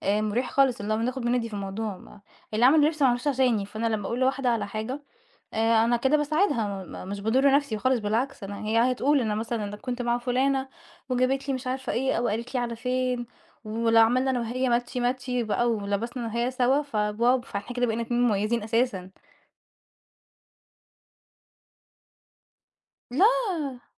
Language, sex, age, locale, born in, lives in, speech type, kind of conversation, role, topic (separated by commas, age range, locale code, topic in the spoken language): Arabic, female, 20-24, ar-EG, Egypt, Portugal, conversation, podcast, guest, بتلبس عشان نفسك ولا عشان الناس؟